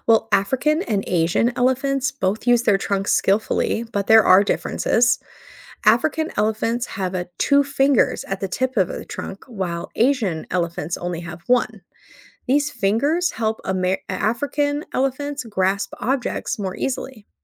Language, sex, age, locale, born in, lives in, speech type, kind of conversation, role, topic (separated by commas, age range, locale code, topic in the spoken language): English, female, 35-39, en-US, United States, United States, read, expert-assistant, expert, How do elephants use their trunks like hands?